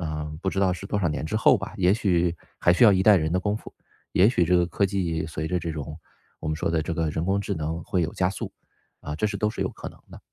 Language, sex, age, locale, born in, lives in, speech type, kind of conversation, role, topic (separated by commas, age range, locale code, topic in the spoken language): Chinese, male, 35-39, zh-CN, China, United States, conversation, podcast, guest, 自动驾驶普及后，通勤会变成什么样？